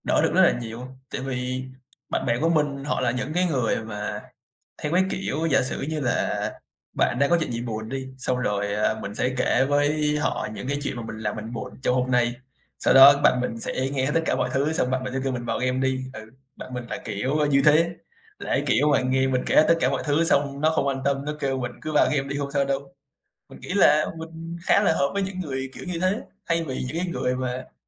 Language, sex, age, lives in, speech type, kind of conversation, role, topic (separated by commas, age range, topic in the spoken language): Vietnamese, male, 20-24, Vietnam, conversation, podcast, guest, Bạn có thể kể về một trải nghiệm đã thay đổi cuộc đời bạn không?